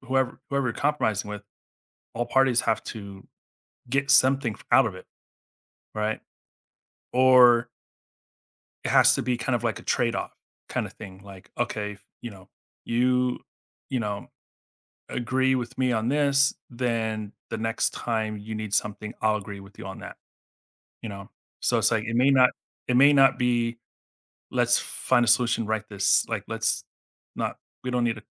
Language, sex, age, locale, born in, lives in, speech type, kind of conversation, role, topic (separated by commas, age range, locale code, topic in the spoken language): English, male, 40-44, en-US, United States, United States, conversation, podcast, guest, How does compromise shape the way we resolve disagreements and build understanding?